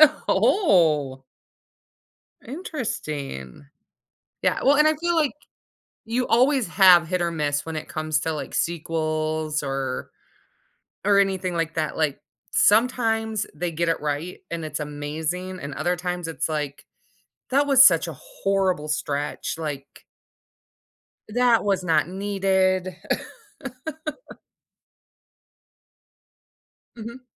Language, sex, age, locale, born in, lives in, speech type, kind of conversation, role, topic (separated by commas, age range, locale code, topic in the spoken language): English, female, 35-39, en-US, United States, United States, conversation, podcast, host, Why do some movies leave a lasting impact on us while others are easily forgotten?